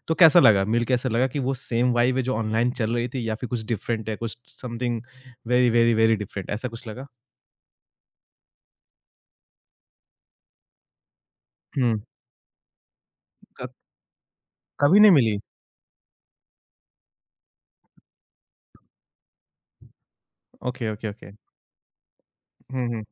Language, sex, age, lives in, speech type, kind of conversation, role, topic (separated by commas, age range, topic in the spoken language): Hindi, male, 25-29, India, conversation, podcast, host, Tumhare hisaab se online dosti aur real-life dosti mein sabse bada farq kya hai?